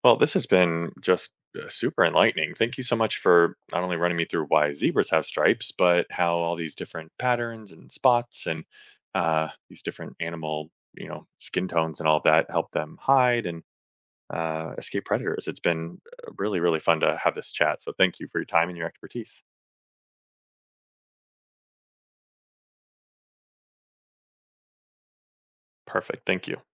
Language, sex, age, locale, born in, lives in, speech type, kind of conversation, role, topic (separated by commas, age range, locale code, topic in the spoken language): English, male, 35-39, en-US, United States, United States, read, expert-assistant, asker, How do animal patterns like stripes and spots help them survive?